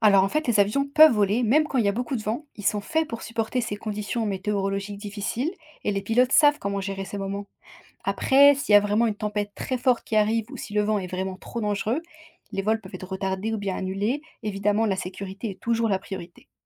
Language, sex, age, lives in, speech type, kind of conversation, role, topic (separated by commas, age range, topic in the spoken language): French, female, 25-29, France, read, expert-assistant, expert, Comment les avions peuvent-ils voler dans le ciel ?